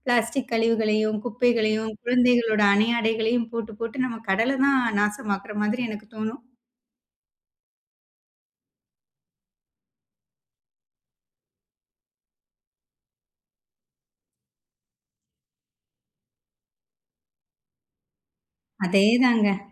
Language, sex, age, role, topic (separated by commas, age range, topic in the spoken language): Tamil, female, 30-34, guest, கடலடிக்கரையில் நிற்கும்போது உங்களுக்கு முதலில் என்ன உணர்வு வருகிறது?